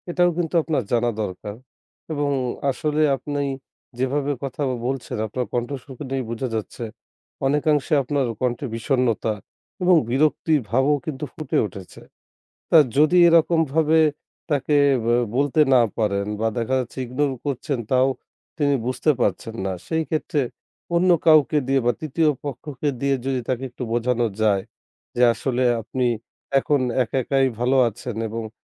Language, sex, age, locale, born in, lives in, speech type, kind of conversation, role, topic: Bengali, male, 25-29, bn-BD, Bangladesh, Bangladesh, conversation, advice, advisor, প্রাক্তন সঙ্গীর অনিচ্ছাকৃত হস্তক্ষেপ বা যোগাযোগ সমস্যা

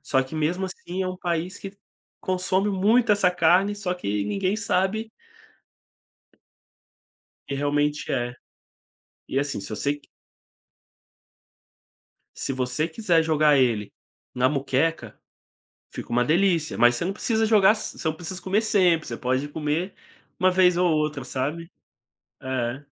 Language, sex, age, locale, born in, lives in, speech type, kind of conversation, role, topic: Portuguese, male, 25-29, pt-BR, Brazil, Spain, conversation, podcast, guest, Tem alguma comida que represente a sua cultura regional?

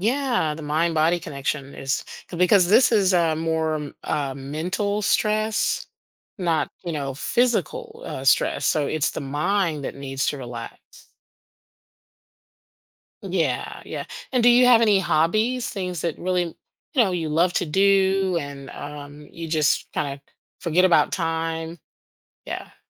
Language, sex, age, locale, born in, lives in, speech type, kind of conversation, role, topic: English, female, 50-54, en-US, United States, United States, conversation, advice, advisor, How can I manage daily stress so it doesn't overwhelm me?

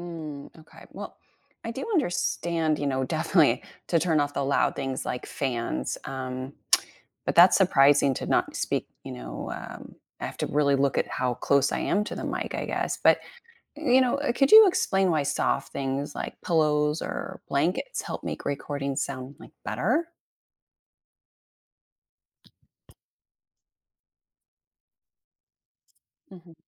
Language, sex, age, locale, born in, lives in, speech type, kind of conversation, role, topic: English, female, 40-44, en-US, United States, United States, read, expert-assistant, asker, Why does a recorded voice sound different?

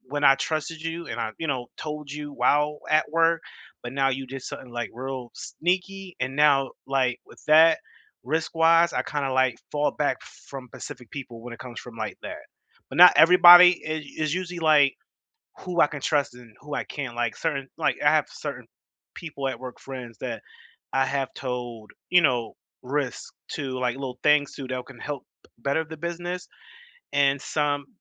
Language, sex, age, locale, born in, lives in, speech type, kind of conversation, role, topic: English, male, 30-34, en-US, United States, United States, conversation, unstructured, none, How do you decide which risks are worth taking and who to trust at work?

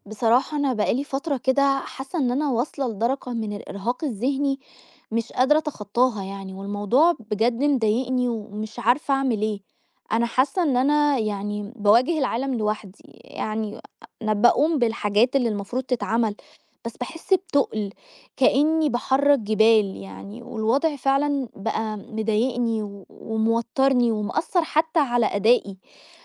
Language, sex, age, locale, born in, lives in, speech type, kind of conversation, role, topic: Arabic, female, 30-34, ar-EG, Egypt, Egypt, conversation, advice, user, إزاي الإرهاق الذهني بيمنعك تكمّل في عاداتك اليومية؟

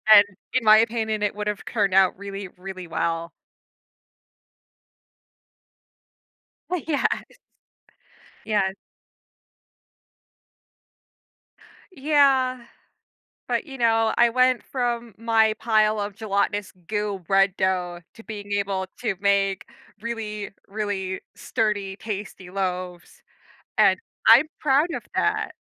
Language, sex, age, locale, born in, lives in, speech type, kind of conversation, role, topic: English, female, 30-34, en-US, United States, United States, conversation, podcast, guest, How do special meals or food experiences shape our memories and relationships?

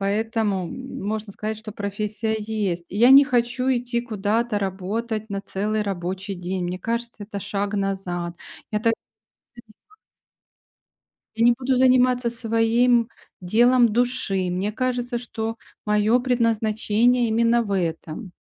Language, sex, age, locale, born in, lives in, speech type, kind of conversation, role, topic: Russian, female, 45-49, ru-RU, Ukraine, Spain, conversation, advice, user, Как постоянная прокрастинация мешает вам продвигаться к важной цели?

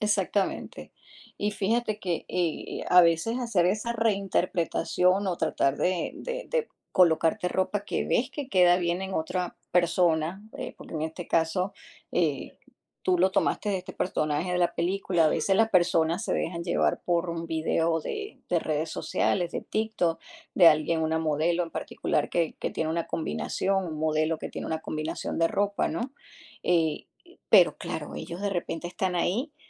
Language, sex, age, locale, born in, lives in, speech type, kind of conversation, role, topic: Spanish, female, 50-54, es-US, Venezuela, United States, conversation, podcast, host, ¿Qué serie o película influyó en tu estilo personal?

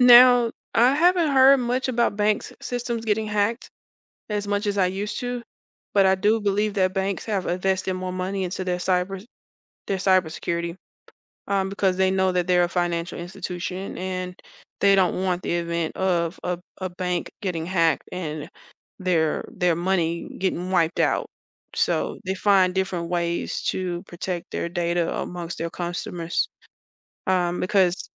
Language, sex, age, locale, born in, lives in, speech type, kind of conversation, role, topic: English, female, 35-39, en-US, United States, United States, conversation, advice, advisor, How do I create memorable passwords that are still secure?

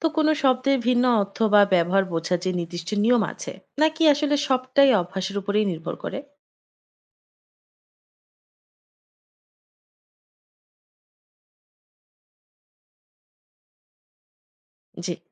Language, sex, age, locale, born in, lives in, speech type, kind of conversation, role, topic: Bengali, female, 25-29, bn-BD, Bangladesh, Finland, read, expert-assistant, asker, ‘কোনো শব্দ দুই ভাষায় ব্যবহার হলে, তার মানে কি সবসময় একরকম থাকে?’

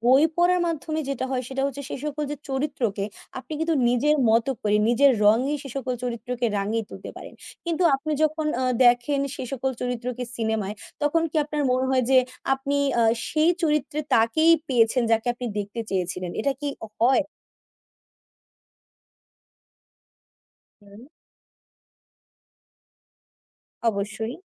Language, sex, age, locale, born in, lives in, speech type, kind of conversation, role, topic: Bengali, female, 20-24, bn-BD, Bangladesh, Bangladesh, conversation, unstructured, none, কোনটি আপনার কাছে বেশি আনন্দদায়ক: বই পড়া, নাকি সিনেমা দেখা?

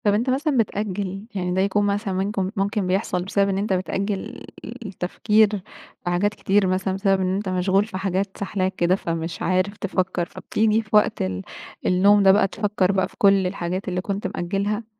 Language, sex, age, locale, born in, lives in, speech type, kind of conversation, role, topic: Arabic, female, 20-24, ar-EG, Egypt, Egypt, conversation, advice, advisor, إزاي أقدر أنام كويس لما بييجيلي أفكار وقلق كتير قبل النوم؟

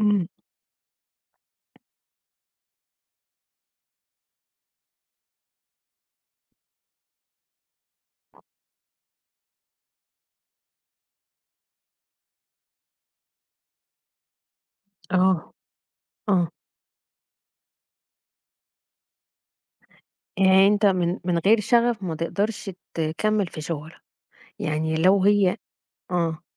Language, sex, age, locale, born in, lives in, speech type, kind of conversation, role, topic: Arabic, female, 35-39, ar-EG, Egypt, Egypt, conversation, podcast, host, إزاي تختار طريقك المهني لما تبقى قدامك اختيارات كتير ومشتتة؟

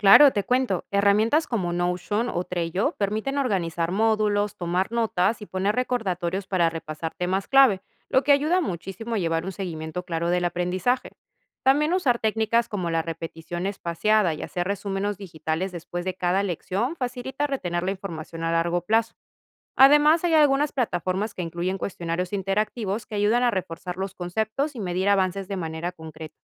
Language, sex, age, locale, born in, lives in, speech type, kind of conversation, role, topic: Spanish, female, 30-34, es-PE, Peru, Italy, read, expert-assistant, expert, ¿Qué recursos o estrategias recomiendas para mantenerse actualizado y seguir creciendo profesionalmente como enfermero o enfermera?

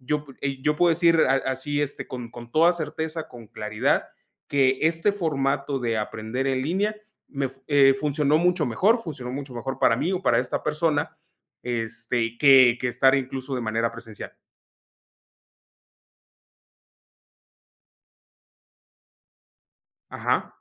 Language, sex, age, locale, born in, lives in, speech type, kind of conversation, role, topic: Spanish, male, 35-39, es-MX, Mexico, Mexico, conversation, podcast, host, ¿Qué opinas de aprender en línea en comparación con aprender de forma presencial?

